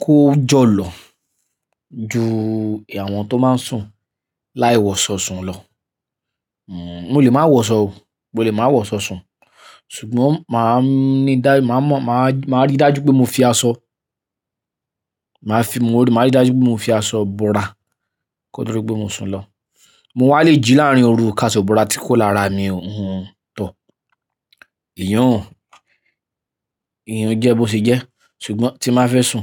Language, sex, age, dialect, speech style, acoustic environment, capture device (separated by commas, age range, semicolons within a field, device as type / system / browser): Yoruba, male, 25-34, Nigeria - Ibadan, happy; anxious, nature, mobile / Linux / Chrome